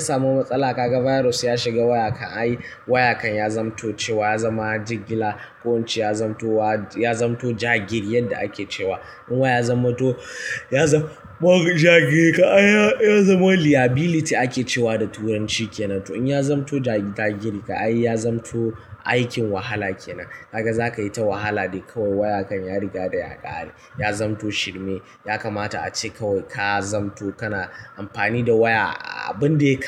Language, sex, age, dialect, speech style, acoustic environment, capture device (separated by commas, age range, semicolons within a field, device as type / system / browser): Hausa, male, 18-24, Nigeria - Kano, happy, silence, mobile / Linux / Chrome